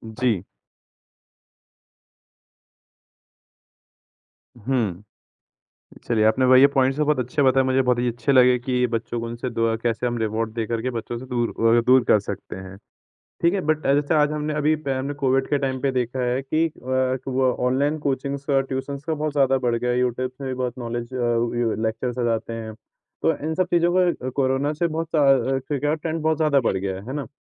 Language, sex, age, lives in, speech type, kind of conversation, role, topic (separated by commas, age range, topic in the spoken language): Hindi, male, 20-24, India, conversation, podcast, host, Aap apne bachchon ko zyada screen use aur jaankari ki bharmaar se kaise bachate ho?